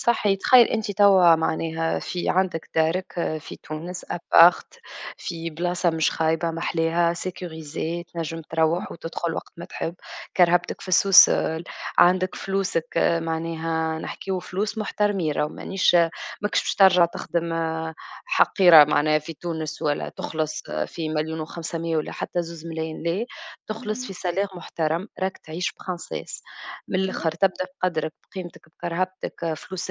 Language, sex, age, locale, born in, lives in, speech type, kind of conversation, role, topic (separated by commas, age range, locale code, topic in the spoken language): Arabic, female, 25-29, ar-TN, Tunisia, Germany, conversation, unstructured, none, إزاي بتتعامل مع ضغوطاتك اليومية بشكل عام؟